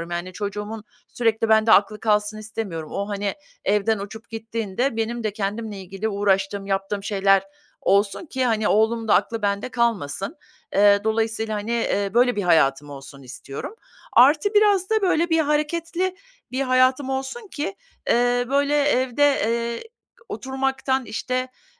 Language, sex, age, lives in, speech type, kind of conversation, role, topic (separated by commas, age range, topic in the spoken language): Turkish, female, 50-54, United States, conversation, advice, user, Başkalarının beklentileriyle kendi isteklerim çatıştığında ne yapmalıyım?